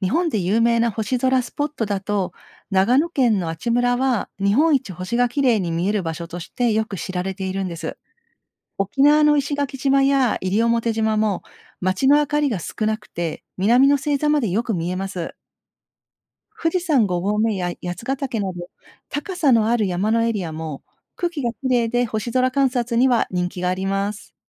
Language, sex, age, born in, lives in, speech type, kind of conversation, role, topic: Japanese, female, 50-54, Japan, Japan, read, expert-assistant, expert, なぜ星は夜空で瞬いて見えるのか説明してください。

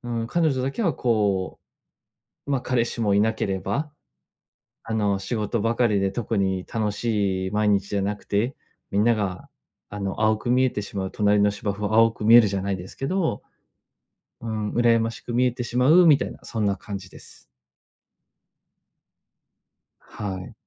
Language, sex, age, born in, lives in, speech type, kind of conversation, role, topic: Japanese, male, 30-34, Japan, Japan, conversation, advice, user, 変化に直面している人をどのように支えればよいですか？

